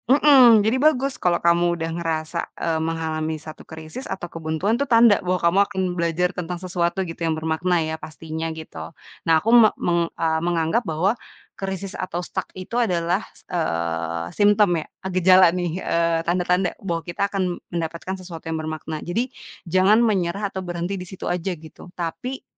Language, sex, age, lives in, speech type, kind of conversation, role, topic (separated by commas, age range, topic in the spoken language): Indonesian, female, 30-34, Indonesia, conversation, podcast, guest, Apa yang membuat hidupmu terasa bermakna?